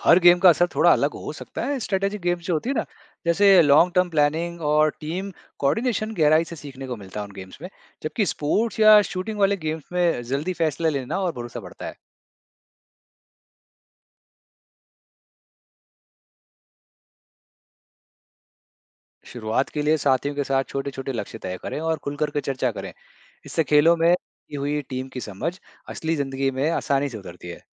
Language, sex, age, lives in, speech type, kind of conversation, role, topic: Hindi, male, 35-39, India, read, expert-assistant, expert, गेमिंग में टीमवर्क और रणनीतिक सोच जैसी क्षमताएँ कैसे विकसित की जाती हैं, और ये कौशल असली जीवन में कैसे मददगार साबित हो सकते हैं?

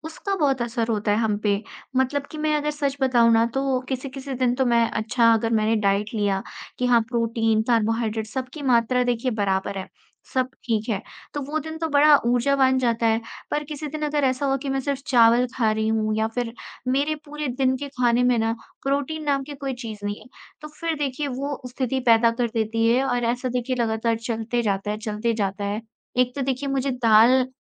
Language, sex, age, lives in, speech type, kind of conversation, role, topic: Hindi, female, 20-24, India, conversation, podcast, guest, दिन में ऊर्जा गिरने पर आप आमतौर पर क्या करते हैं?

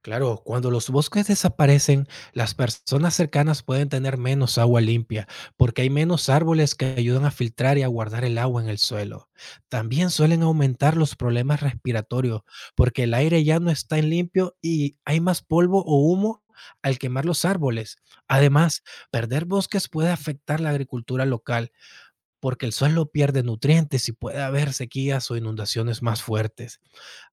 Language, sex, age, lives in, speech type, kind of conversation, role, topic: Spanish, male, 30-34, United States, read, expert-assistant, expert, ¿Qué efectos tiene la deforestación en los ecosistemas?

